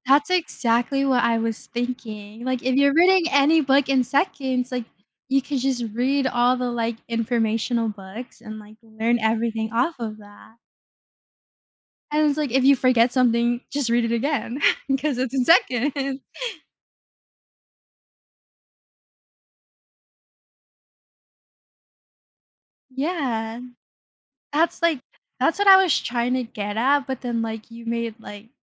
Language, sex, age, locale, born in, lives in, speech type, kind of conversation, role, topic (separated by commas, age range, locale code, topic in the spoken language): English, female, 20-24, en-US, United States, United States, conversation, unstructured, none, How do you think instant access to travel or knowledge would change the way we live and connect with others?